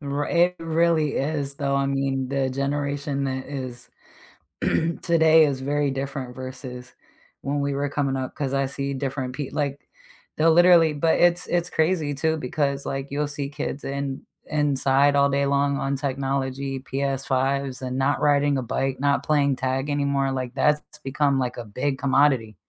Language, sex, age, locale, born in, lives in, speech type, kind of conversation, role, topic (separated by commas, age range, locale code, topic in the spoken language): English, female, 35-39, en-US, United States, United States, conversation, unstructured, none, What is a memory that others find funny but you find painful?